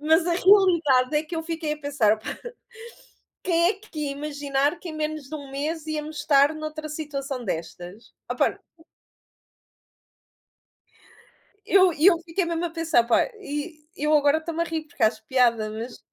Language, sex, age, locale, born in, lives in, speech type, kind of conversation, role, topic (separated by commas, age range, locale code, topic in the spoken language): Portuguese, female, 35-39, pt-PT, Portugal, Portugal, conversation, unstructured, none, O que você acha que foi a maior invenção da humanidade?